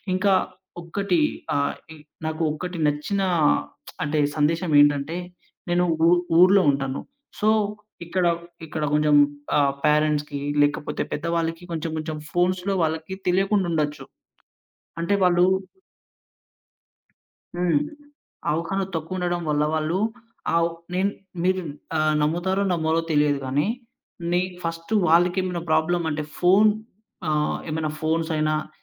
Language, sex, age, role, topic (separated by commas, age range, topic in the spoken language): Telugu, male, 20-24, guest, మీ పని నుంచి మీరు సంతృప్తిని ఎలా పొందుతారు?